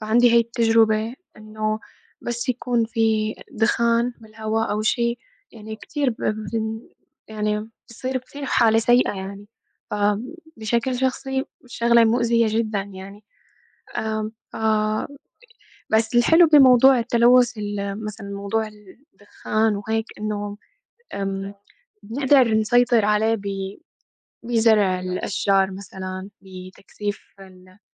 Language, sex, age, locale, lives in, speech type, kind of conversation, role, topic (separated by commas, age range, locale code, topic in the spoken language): Arabic, female, 25-29, ar-SY, United States, conversation, unstructured, none, إيه تأثير التلوث على البيئة من وجهة نظرك؟